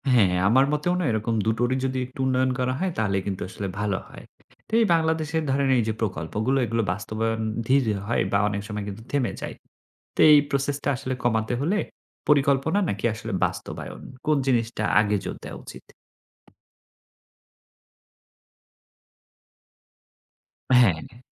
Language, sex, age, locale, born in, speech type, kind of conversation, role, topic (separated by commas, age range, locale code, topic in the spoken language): Bengali, male, 30-34, bn-BD, Bangladesh, read, expert-assistant, asker, বাংলাদেশে শহর আর গ্রামের মধ্যে অর্থনৈতিক সুযোগ বাড়াতে কীভাবে কাজ করা যায়—কোন ধরনের পরিকল্পনা সবচেয়ে কাজে দেবে বলে মনে করেন?